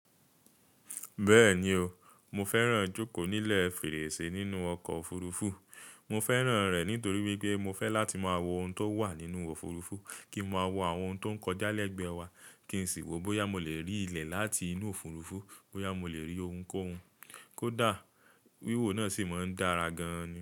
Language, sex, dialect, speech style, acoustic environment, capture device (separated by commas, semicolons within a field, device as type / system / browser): Yoruba, male, Nigeria - Nigerian Standard, relaxed, silence, mobile / Linux / Chrome